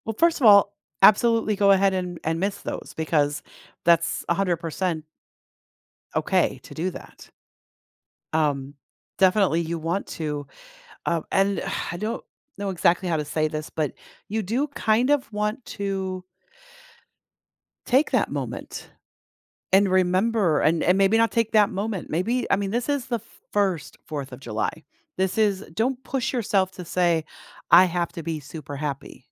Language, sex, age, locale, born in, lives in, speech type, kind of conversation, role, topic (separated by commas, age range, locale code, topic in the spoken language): English, female, 50-54, en-US, United States, United States, conversation, advice, advisor, How can I cope with grief and find moments of comfort during the holidays?